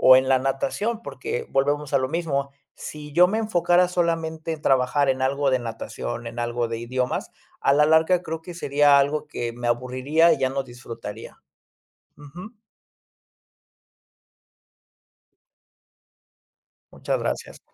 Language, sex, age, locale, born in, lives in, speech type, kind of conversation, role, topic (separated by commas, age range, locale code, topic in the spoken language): Spanish, male, 30-34, es-MX, Mexico, Mexico, conversation, podcast, guest, ¿Cómo equilibras el salario y el sentido en tu trabajo?